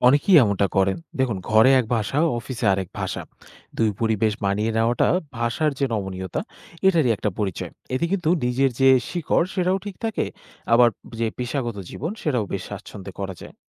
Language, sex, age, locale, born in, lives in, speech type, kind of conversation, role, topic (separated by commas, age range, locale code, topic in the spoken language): Bengali, male, 20-24, bn-BD, Bangladesh, Bangladesh, read, expert-assistant, expert, নিজের মাতৃভাষা ও সামাজিক ভাষা ব্যবহারের মধ্যে ভারসাম্য কীভাবে বজায় রাখা যায়?